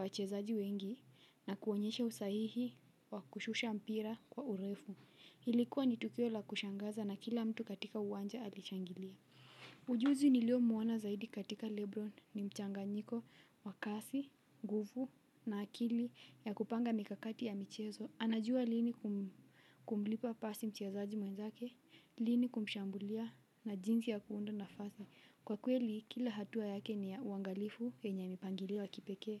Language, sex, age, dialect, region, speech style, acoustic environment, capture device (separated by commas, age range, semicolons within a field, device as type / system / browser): Swahili, female, 18-24, Kenya - Mombasa, Kenya, relaxed, silence; nature, mobile / Linux / Chrome